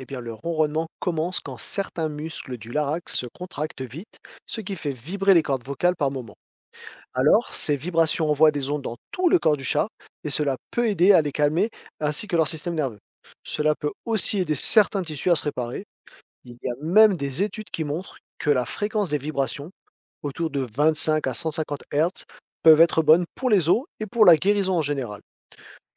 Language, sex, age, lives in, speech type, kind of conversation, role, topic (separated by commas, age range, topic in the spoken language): French, male, 40-44, France, read, expert-assistant, expert, Pourquoi les chats ronronnent-ils ?